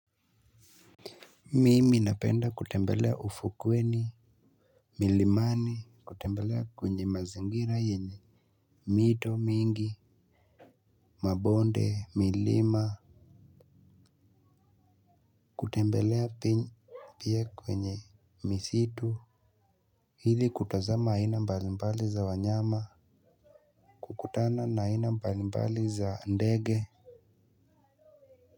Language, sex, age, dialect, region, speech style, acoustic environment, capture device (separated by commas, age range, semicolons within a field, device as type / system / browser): Swahili, male, 18-24, Kenya - Nairobi Sheng-influenced, Kenya, nervous; excited, nature, mobile / Linux / Chrome